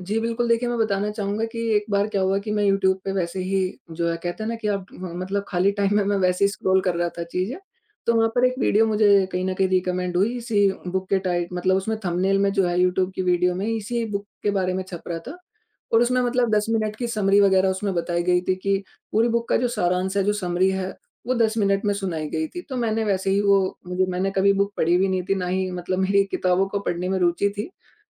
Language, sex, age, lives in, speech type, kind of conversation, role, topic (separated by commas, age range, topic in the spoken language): Hindi, male, 20-24, India, conversation, podcast, guest, कौन-सी किताब आपका जीवन भर साथ देती रही है?